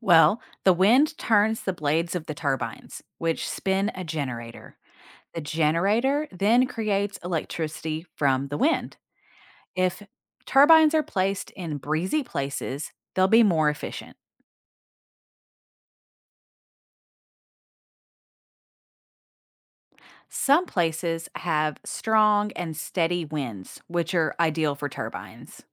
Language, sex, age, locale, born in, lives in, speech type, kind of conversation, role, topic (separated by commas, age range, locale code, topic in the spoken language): English, female, 40-44, en-US, United States, United States, read, expert-assistant, expert, What are the different types of renewable resources?